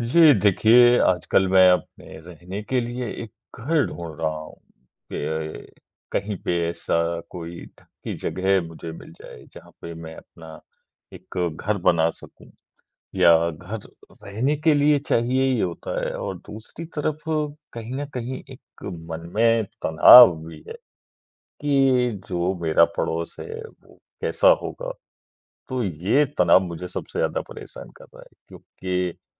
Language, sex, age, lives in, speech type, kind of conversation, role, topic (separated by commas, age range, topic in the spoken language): Hindi, male, 40-44, India, conversation, advice, user, घर ढूँढने और सही पड़ोस चुनने से आपको कितना तनाव होता है?